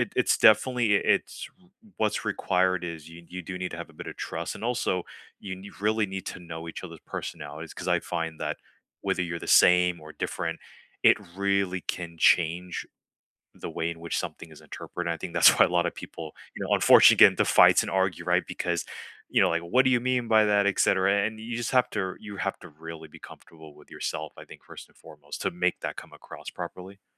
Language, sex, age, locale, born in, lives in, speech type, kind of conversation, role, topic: English, male, 35-39, en-US, United States, United States, conversation, unstructured, none, Who makes decisions in your groups, and how do you feel about those choices?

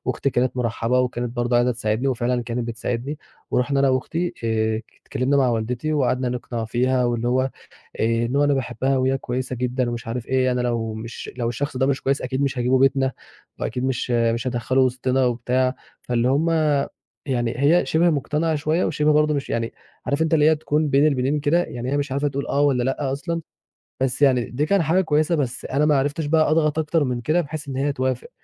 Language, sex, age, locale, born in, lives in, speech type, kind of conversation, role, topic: Arabic, male, 20-24, ar-EG, Egypt, Egypt, conversation, advice, user, إيه توقعات عيلتك بخصوص اختيار شريك حياتك؟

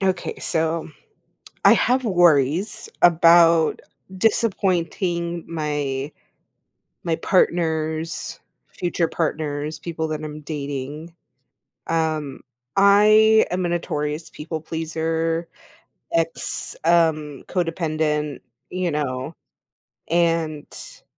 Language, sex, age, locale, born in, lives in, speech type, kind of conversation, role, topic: English, female, 30-34, en-US, United States, United States, conversation, advice, user, How can I stop repeatedly disappointing my partner and rebuild trust?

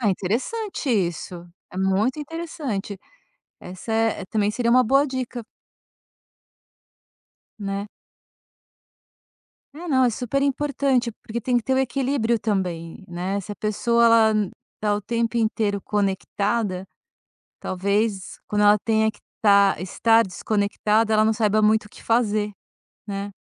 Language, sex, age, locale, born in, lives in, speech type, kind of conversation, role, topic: Portuguese, female, 50-54, pt-BR, Brazil, France, conversation, podcast, host, O que mais te dá prazer quando você se desconecta?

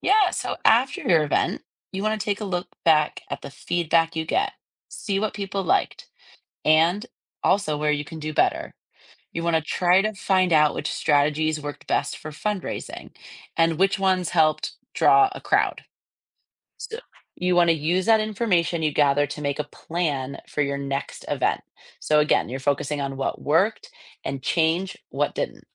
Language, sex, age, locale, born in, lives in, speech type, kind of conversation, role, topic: English, female, 30-34, en-US, United States, United States, read, expert-assistant, expert, What are the key steps in organizing a community fundraising event?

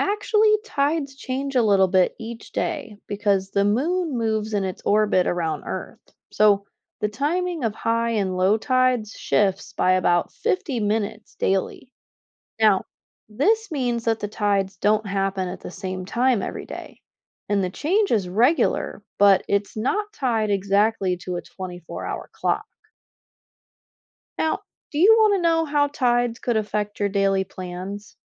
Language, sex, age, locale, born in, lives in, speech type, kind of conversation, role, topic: English, female, 25-29, en-US, United States, United States, read, expert-assistant, expert, How do tides work and what affects them?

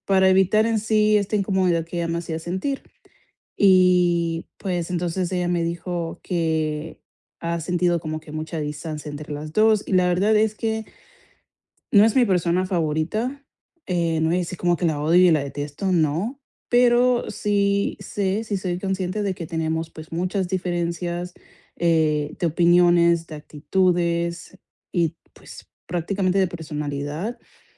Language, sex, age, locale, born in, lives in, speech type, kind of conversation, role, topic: Spanish, female, 25-29, es-CO, Colombia, United States, conversation, advice, user, ¿Cómo puedo recuperar la confianza después de fallarle a alguien?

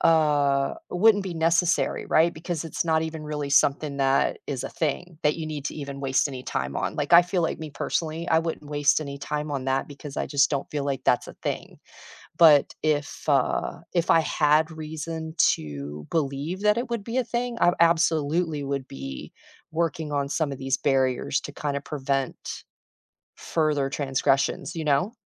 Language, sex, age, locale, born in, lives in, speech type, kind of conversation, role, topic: English, female, 50-54, en-US, United States, United States, conversation, unstructured, none, Should couples always share their passwords with each other?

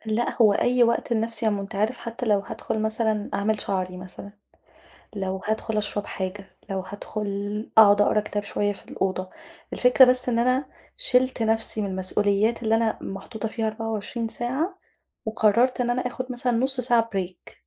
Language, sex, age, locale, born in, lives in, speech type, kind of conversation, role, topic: Arabic, female, 35-39, ar-EG, Egypt, Egypt, conversation, advice, user, إزاي بتوصفي إحساسك بالذنب لما تاخدي وقت لنفسك وتهتمي برعايتك الذاتية؟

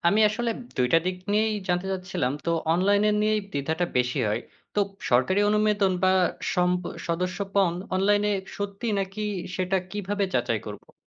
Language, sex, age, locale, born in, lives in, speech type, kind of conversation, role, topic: Bengali, male, 20-24, bn-BD, Bangladesh, Bangladesh, read, expert-assistant, asker, অনেকেই বলে কিছু পুরনো কয়েন খুব দামী, আবার কেউ বলে এগুলো আসলে তেমন কিছু নয়—আসল সত্যটা কী?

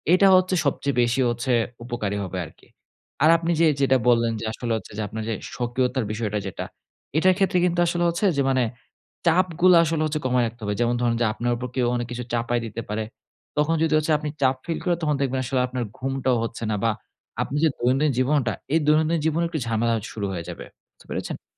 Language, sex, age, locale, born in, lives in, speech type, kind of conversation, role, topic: Bengali, male, 20-24, bn-BD, Bangladesh, Bangladesh, conversation, advice, advisor, অপরিচিত জায়গায় আমি কীভাবে নিজের স্বতন্ত্রতা বজায় রাখতে পারি?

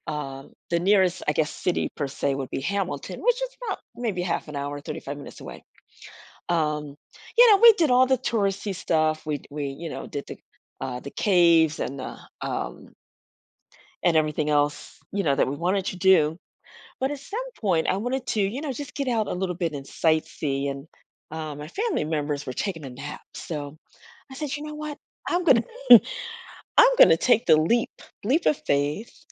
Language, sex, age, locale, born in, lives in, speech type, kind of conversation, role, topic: English, female, 55-59, en-US, United States, United States, conversation, podcast, guest, How can travel help us grow by pushing our boundaries?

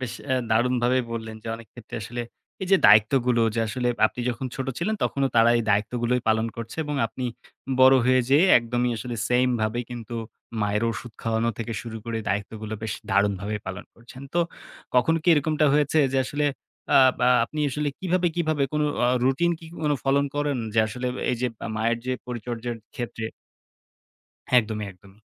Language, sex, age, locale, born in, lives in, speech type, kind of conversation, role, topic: Bengali, male, 18-19, bn-BD, Bangladesh, Bangladesh, conversation, podcast, host, বয়স্ক মায়ের পরিচর্যার ক্ষেত্রে সীমা কীভাবে নির্ধারণ করবেন?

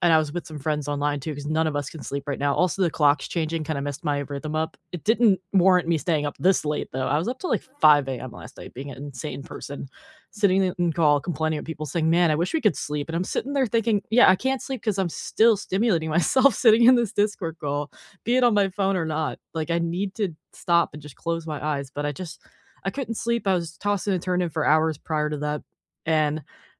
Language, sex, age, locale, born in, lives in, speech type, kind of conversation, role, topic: English, female, 25-29, en-US, United States, United States, conversation, unstructured, none, How can you balance tech, time, and sleep to feel more connected?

